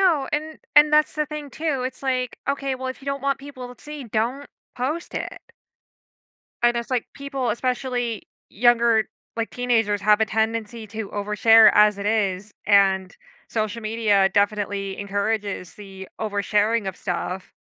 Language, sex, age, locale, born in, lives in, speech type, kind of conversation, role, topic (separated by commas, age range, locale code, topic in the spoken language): English, female, 30-34, en-US, United States, United States, conversation, podcast, host, How has social media changed the way people share their identities and experiences?